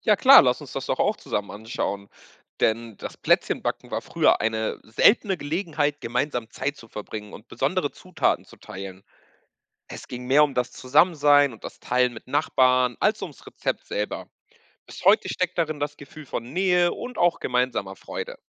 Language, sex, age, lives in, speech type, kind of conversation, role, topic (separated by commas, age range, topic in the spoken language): German, male, 20-24, Portugal, read, expert-assistant, expert, Stimmt es, dass das Weihnachtsfest ursprünglich auf ein heidnisches Fest zurückgeht?